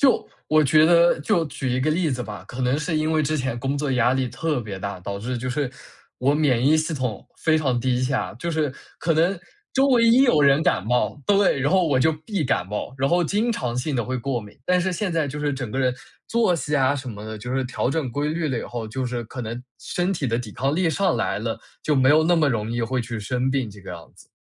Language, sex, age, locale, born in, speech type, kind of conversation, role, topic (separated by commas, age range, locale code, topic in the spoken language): Chinese, male, 20-24, zh-CN, China, conversation, podcast, guest, 转行时你学到的最重要的一课是什么？